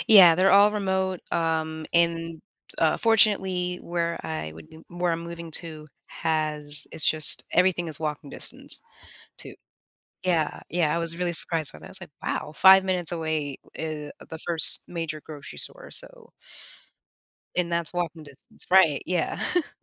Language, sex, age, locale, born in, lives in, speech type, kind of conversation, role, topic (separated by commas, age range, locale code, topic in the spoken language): English, female, 30-34, en-US, United States, United States, conversation, advice, user, How can I track and celebrate my personal growth effectively?